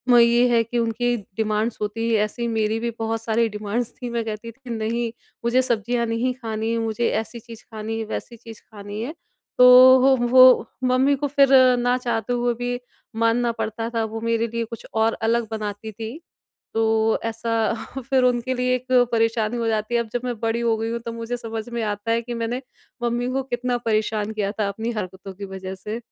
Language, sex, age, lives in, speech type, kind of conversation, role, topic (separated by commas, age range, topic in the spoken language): Hindi, female, 30-34, India, conversation, podcast, guest, Tumhare bachpan ke khaane se judi koi khaas rasm ya yaad kaunsi hai?